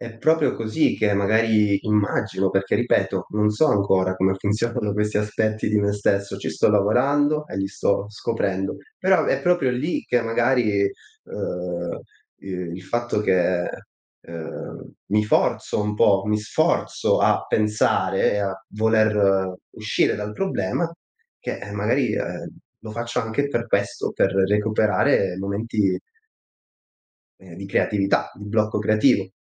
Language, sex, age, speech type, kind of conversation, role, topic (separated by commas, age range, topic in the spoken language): Italian, male, 25-29, conversation, podcast, guest, Come trovi l’ispirazione nei giorni no?